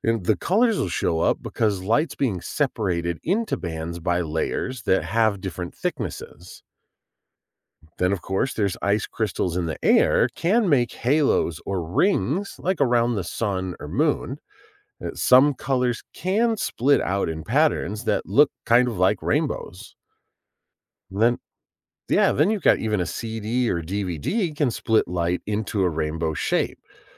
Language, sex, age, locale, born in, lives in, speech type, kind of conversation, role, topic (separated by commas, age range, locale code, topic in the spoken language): English, male, 40-44, en-US, United States, United States, read, expert-assistant, expert, How does a prism split white light into colors?